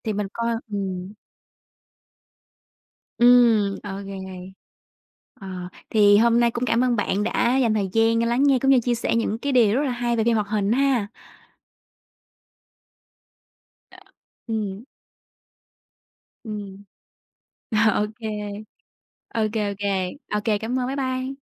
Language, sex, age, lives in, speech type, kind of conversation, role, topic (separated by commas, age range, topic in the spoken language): Vietnamese, female, 25-29, Vietnam, conversation, unstructured, none, Bộ phim hoạt hình nào bạn xem hồi nhỏ mà đến giờ vẫn nhớ mãi?